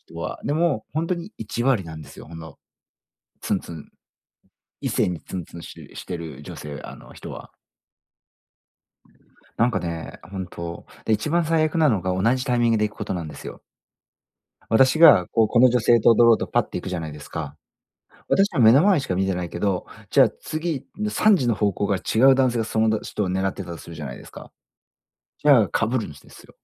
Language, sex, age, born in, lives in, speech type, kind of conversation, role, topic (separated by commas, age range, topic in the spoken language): Japanese, male, 40-44, Japan, Japan, conversation, advice, user, 友達の集まりでグループに馴染めずつらいとき、どうすればいいですか？